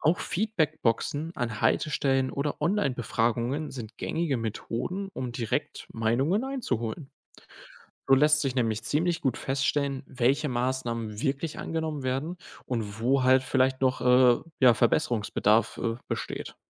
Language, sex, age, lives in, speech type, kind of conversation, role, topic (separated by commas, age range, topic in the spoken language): German, male, 20-24, Germany, read, expert-assistant, expert, Wie kann der öffentliche Nahverkehr für alle Altersgruppen und Bedürfnisse zugänglicher gestaltet werden?